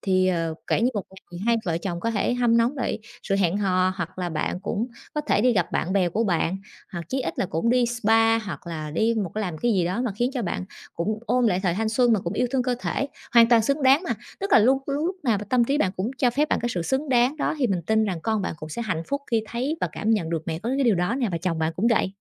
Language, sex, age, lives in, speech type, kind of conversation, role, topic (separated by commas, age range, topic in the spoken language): Vietnamese, female, 30-34, Vietnam, conversation, advice, advisor, Làm sao để tôi cân bằng nhu cầu cá nhân với gia đình mà vẫn không làm gia đình buồn?